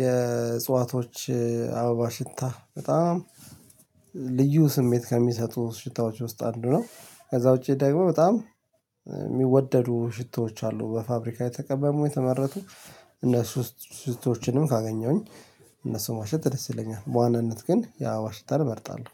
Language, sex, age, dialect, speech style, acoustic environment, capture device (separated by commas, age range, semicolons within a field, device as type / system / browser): Amharic, male, 35-44, Ethiopia - Addis Ababa, relaxed; excited, nature, mobile / Linux / Chrome